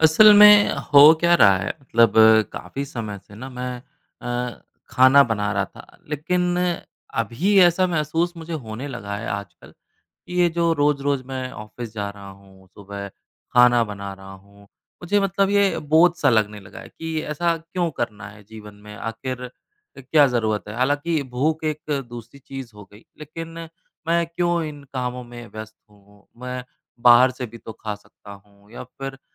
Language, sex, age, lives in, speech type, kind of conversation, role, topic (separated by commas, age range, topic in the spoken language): Hindi, male, 30-34, India, conversation, advice, user, रोज़ खाना बनाना बोझ लगना और मील प्रेप न कर पाना